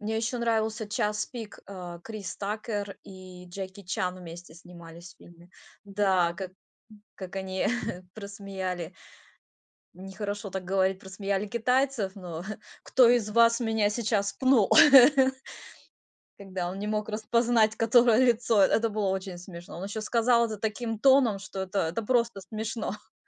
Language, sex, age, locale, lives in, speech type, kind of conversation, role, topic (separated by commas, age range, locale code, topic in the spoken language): Russian, female, 35-39, ru-RU, United States, conversation, unstructured, none, Что вас больше всего раздражает в современных комедиях?